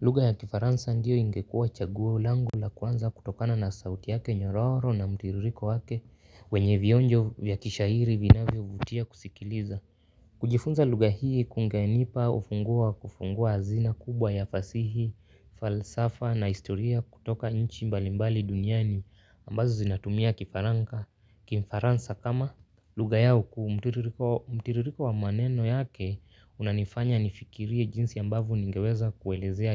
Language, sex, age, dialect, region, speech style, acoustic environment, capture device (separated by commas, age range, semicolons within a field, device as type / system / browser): Swahili, male, 18-24, Kenya - Nairobi Sheng-influenced, Kenya, focused; relaxed, silence, mobile / Linux / Chrome